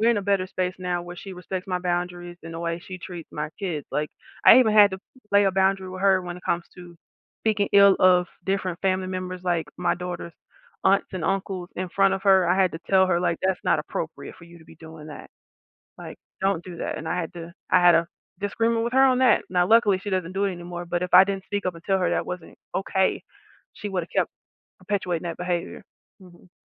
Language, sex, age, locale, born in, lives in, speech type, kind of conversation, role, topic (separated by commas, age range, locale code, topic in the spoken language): English, female, 35-39, en-US, United States, United States, conversation, podcast, guest, How can families build healthier ways to handle disagreements together?